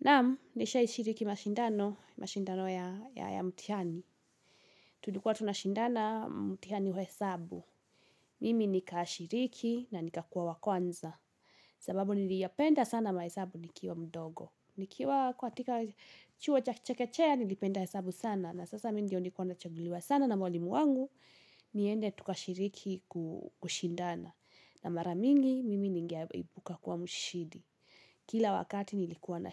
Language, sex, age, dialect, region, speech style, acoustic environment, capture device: Swahili, female, 18-24, Kenya - Kiambu, Kenya, anxious, silence; nature, mobile / Linux / Chrome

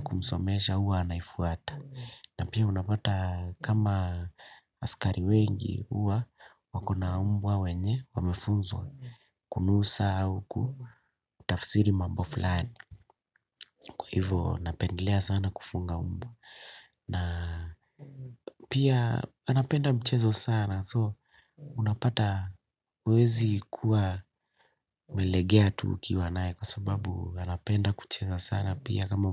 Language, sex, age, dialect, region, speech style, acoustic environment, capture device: Swahili, male, 25-34, Kenya - Nairobi Sheng-influenced, Kenya, happy; relaxed; excited, silence; nature, desktop / Linux / Chrome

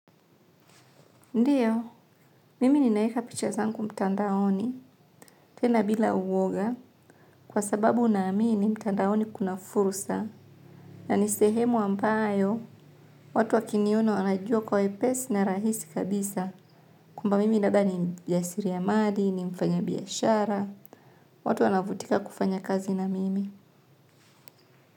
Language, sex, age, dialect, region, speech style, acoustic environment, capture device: Swahili, female, 25-34, Tanzania - Dar es Salaam, Tanzania, relaxed, nature, mobile / Linux / Chrome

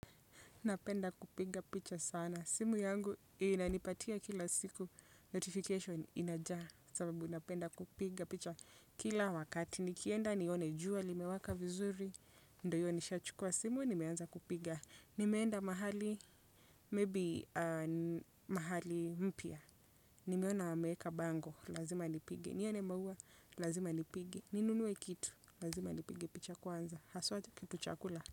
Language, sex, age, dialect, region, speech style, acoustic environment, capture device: Swahili, female, 25-34, Kenya - Nairobi Sheng-influenced, Kenya, relaxed; focused, silence, desktop / Windows / Chrome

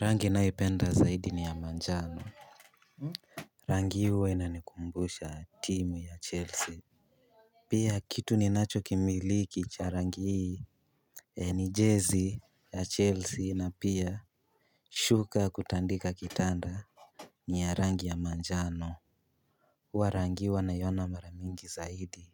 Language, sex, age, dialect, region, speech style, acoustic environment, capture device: Swahili, male, 18-24, Kenya - Nairobi Sheng-influenced, Kenya, relaxed, people talking, mobile / Linux / Chrome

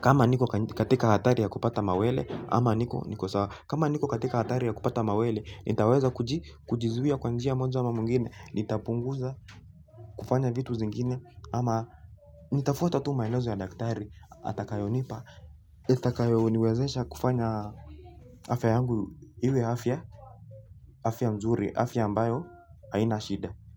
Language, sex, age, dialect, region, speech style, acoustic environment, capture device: Swahili, male, 18-24, Kenya - Nairobi Sheng-influenced, Kenya, relaxed, people talking; music, mobile / Linux / Chrome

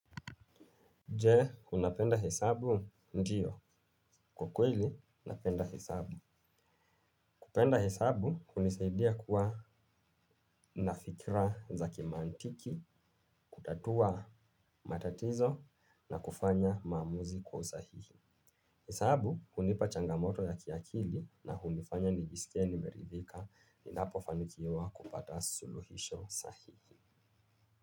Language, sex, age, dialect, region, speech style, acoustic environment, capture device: Swahili, male, 25-34, Kenya - Nairobi Sheng-influenced, Kenya, relaxed; focused, nature; appliances, mobile / Linux / Chrome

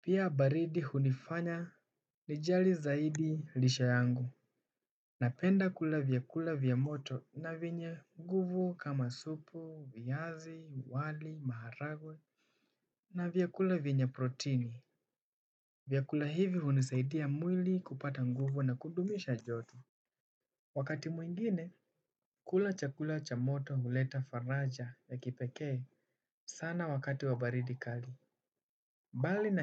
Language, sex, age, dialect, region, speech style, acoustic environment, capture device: Swahili, male, 18-24, Kenya - Nairobi Sheng-influenced, Kenya, focused, nature, desktop / Windows / Chrome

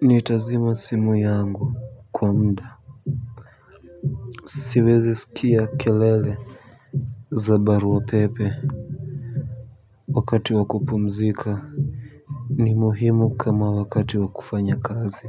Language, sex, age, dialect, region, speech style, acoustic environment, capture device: Swahili, male, 18-24, Kenya - Nairobi Sheng-influenced, Kenya, relaxed; happy, silence, mobile / Linux / Chrome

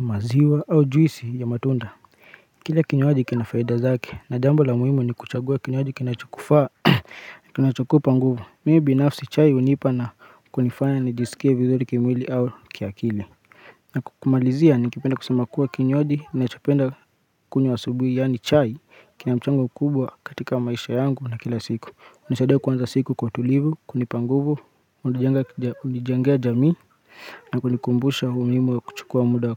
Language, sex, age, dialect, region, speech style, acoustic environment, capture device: Swahili, male, 25-34, Kenya - Nairobi Sheng-influenced, Kenya, relaxed, silence, mobile / Linux / Chrome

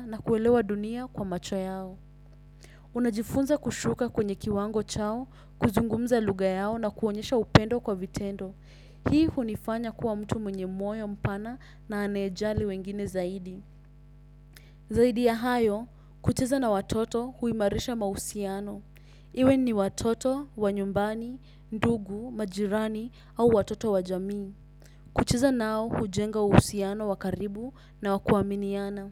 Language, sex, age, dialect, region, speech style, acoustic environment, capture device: Swahili, female, 18-24, Kenya - Nairobi Sheng-influenced, Kenya, anxious; nervous, silence; nature, desktop / Windows / Chrome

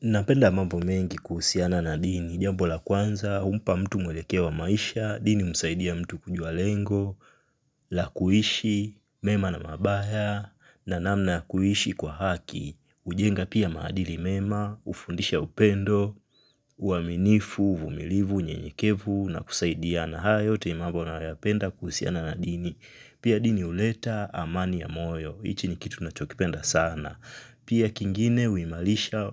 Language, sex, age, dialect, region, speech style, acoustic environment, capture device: Swahili, male, 25-34, Tanzania - Dar es Salaam, Tanzania, focused; relaxed, silence, mobile / Linux / Chrome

